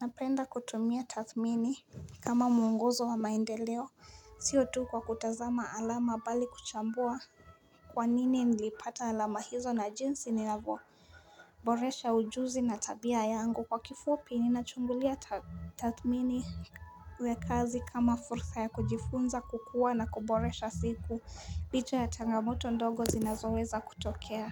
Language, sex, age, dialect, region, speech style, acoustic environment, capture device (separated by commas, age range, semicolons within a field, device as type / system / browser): Swahili, female, 18-24, Kenya - Nairobi Sheng-influenced, Kenya, focused; relaxed; happy, music; nature; people talking, mobile / Linux / Chrome